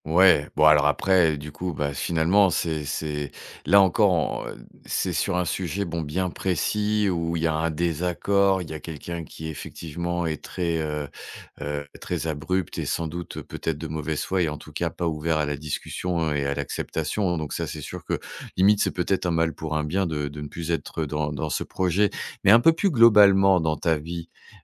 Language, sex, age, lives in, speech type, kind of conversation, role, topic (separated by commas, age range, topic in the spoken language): French, male, 45-49, France, conversation, podcast, host, Comment se sentir accepté sans changer qui tu es ?